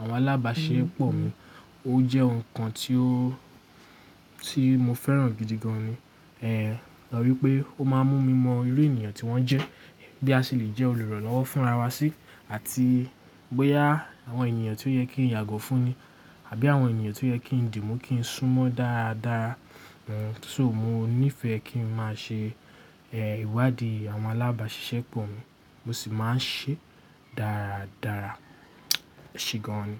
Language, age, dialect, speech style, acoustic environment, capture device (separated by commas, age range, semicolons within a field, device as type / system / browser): Yoruba, 18-24, Nigeria - Lagos Yoruba, relaxed, nature; appliances, mobile / Linux / Chrome